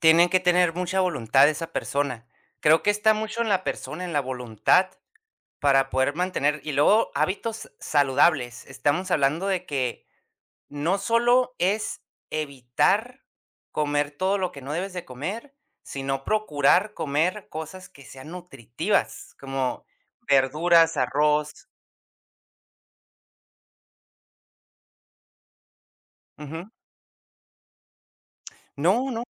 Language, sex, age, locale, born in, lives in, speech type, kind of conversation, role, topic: Spanish, male, 30-34, es-US, United States, United States, conversation, podcast, guest, ¿Cómo mantener hábitos saludables con poco presupuesto?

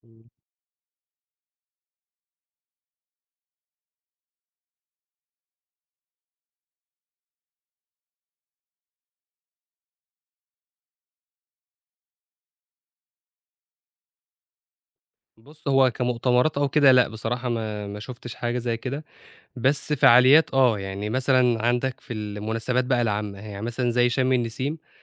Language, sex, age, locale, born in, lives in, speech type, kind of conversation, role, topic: Arabic, male, 25-29, ar-EG, Egypt, Egypt, conversation, podcast, guest, إيه رأيك في الحدائق العامة في المدن، كفاية ولا ناقصة؟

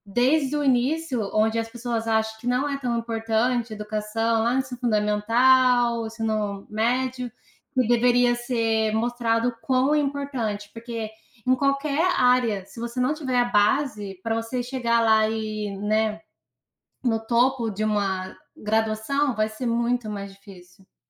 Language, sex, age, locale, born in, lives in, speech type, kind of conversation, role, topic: Portuguese, female, 25-29, pt-BR, Brazil, United States, conversation, unstructured, none, Você acredita que os professores recebem o reconhecimento que merecem?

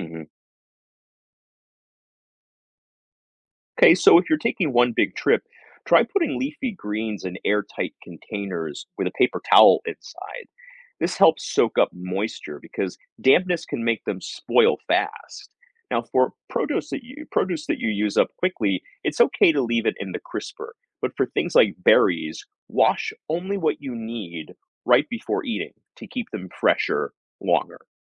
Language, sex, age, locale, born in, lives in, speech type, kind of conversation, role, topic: English, male, 40-44, en-US, United States, United States, read, expert-assistant, expert, What causes food waste and how can it be reduced?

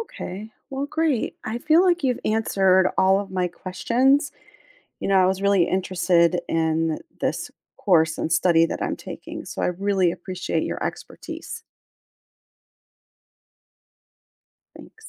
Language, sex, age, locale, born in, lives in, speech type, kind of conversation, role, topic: English, female, 45-49, en-US, United States, United States, read, expert-assistant, asker, What role do hobbies play in personal fulfillment?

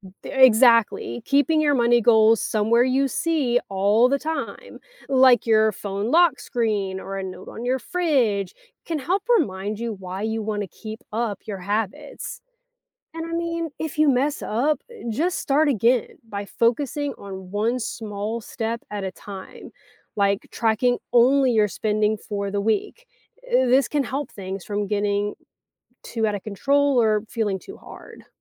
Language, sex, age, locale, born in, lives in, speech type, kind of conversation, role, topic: English, female, 35-39, en-US, United States, United States, read, expert-assistant, expert, Why should people learn how to manage their money?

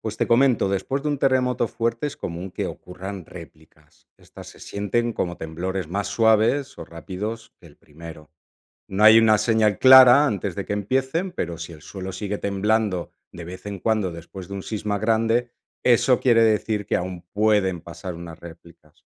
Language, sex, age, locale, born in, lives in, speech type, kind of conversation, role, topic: Spanish, male, 45-49, es-ES, Spain, Italy, read, expert-assistant, expert, ¿Qué causa los terremotos?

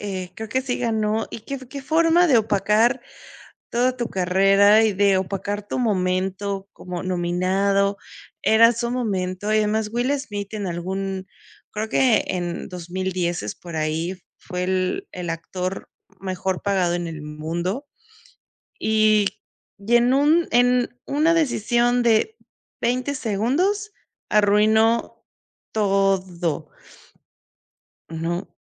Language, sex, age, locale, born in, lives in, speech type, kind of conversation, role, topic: Spanish, female, 35-39, es-MX, Mexico, Mexico, conversation, unstructured, none, ¿Crees que los premios de cine y televisión siempre reconocen el talento real?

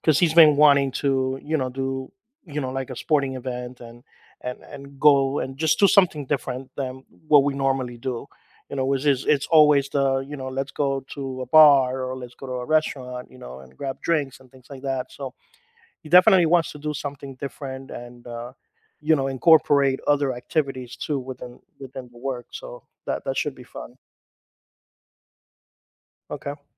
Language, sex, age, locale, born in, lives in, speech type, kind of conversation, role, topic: English, male, 45-49, en-US, United States, United States, conversation, advice, user, How do I plan an affordable, nearby weekend getaway that everyone in my friend group will enjoy?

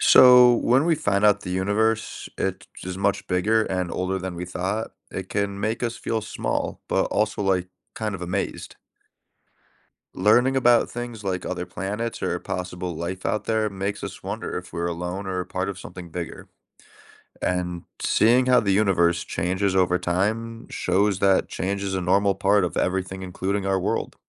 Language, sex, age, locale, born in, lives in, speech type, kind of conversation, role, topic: English, male, 30-34, en-US, United States, United States, read, expert-assistant, asker, How do scientific discoveries influence our understanding of the universe?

